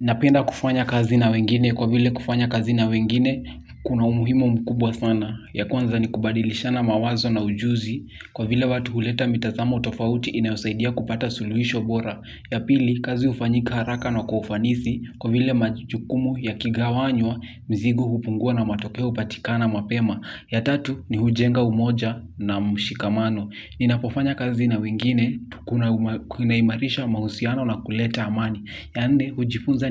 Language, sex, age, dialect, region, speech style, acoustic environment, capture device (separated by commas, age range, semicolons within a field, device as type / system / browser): Swahili, male, 18-24, Kenya - Kiambu, Kenya, happy, nature, mobile / Linux / Chrome